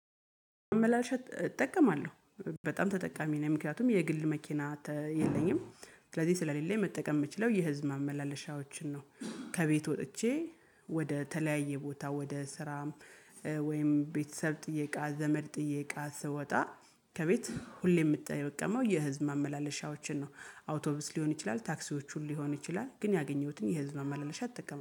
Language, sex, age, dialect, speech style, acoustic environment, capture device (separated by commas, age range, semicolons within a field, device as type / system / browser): Amharic, female, 35-44, Ethiopia - Gojjam, happy, music, mobile / Linux / Chrome